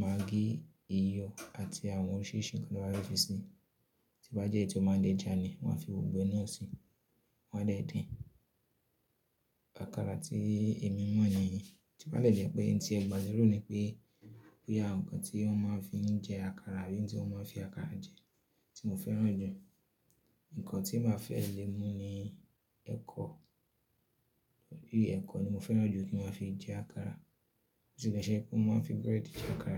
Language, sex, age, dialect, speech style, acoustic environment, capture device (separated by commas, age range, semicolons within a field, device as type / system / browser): Yoruba, male, 25-34, Nigeria - Ibadan, relaxed; focused, silence, mobile / Linux / Chrome